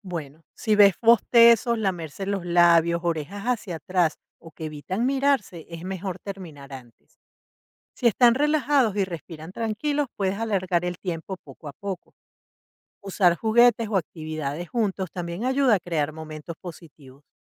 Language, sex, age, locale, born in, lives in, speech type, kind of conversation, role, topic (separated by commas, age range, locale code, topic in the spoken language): Spanish, female, 55-59, es-VE, Venezuela, United States, read, expert-assistant, expert, ¿Cómo coordinar la convivencia de varias especies diferentes de mascotas en el hogar de manera armoniosa?